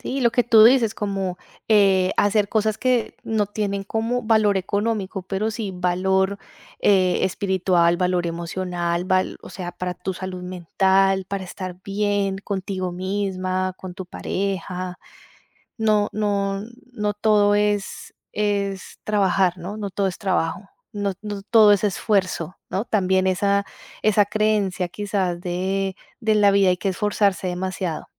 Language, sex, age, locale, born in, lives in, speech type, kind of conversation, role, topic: Spanish, female, 35-39, es-CO, Colombia, Italy, conversation, advice, advisor, ¿Por qué me siento culpable por descansar o no ser productivo?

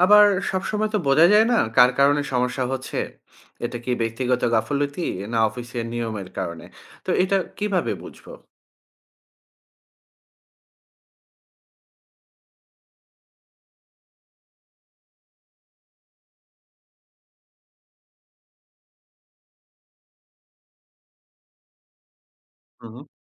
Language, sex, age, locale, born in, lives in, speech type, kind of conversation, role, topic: Bengali, male, 40-44, bn-BD, Bangladesh, Finland, read, expert-assistant, asker, সরকারি অফিসে কাজ করতে গিয়ে সবচেয়ে বেশি কোন ধরনের ঝামেলা দেখা যায়, আর তা বুঝবেন কীভাবে?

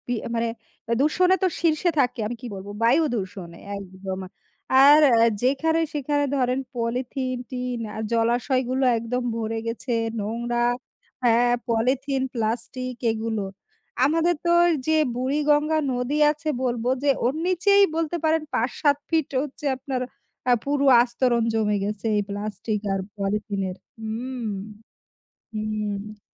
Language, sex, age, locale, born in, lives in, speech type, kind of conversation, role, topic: Bengali, female, 35-39, bn-BD, Bangladesh, Bangladesh, conversation, unstructured, none, নগরায়নের কারণে প্রকৃতির ক্ষতি আমরা কেন এড়াতে পারছি না?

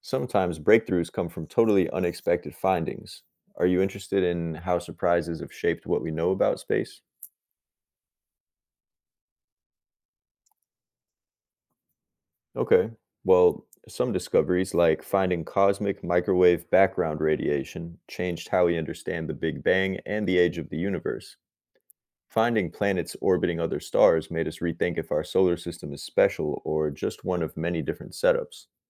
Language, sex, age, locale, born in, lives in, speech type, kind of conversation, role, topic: English, male, 30-34, en-US, United States, United States, read, expert-assistant, expert, How do scientific discoveries influence our understanding of the universe?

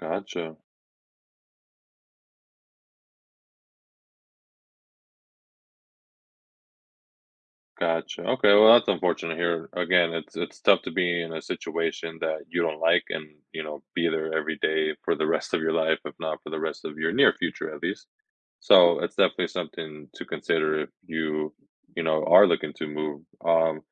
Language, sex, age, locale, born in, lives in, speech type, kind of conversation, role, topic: English, male, 25-29, en-US, United States, United States, conversation, advice, advisor, How do I choose between switching to a more fulfilling but less stable career and staying in my steady job?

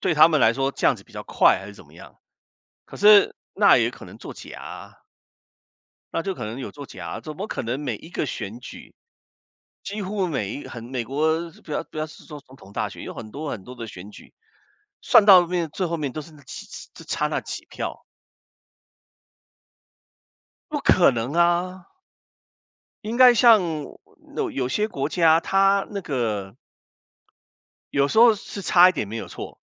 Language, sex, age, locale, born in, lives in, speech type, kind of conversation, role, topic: Chinese, male, 55-59, zh-CN, Taiwan, United States, conversation, unstructured, none, 你怎么看待选举的公平性？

